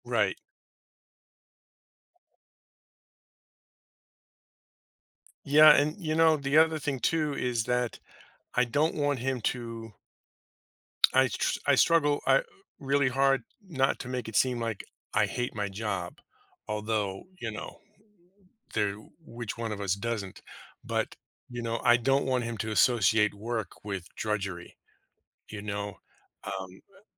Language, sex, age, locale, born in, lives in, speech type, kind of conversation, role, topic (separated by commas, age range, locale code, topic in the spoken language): English, male, 50-54, en-US, United States, United States, conversation, advice, user, How can I balance work and family responsibilities?